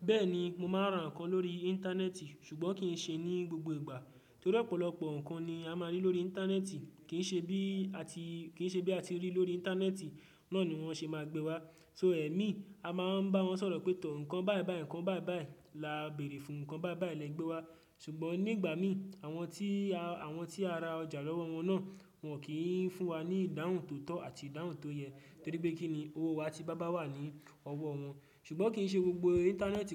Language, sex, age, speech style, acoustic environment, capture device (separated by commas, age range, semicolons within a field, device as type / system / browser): Yoruba, male, 18-24, happy; focused, silence; nature, desktop / Windows / Chrome